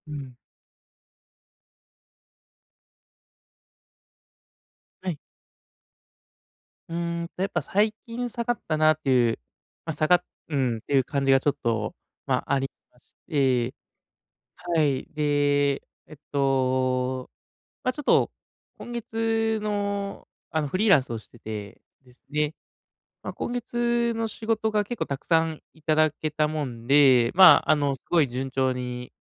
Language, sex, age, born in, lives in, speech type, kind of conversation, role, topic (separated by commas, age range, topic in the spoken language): Japanese, male, 30-34, Japan, Japan, conversation, advice, user, モチベーションを毎日維持するにはどうすればいいですか？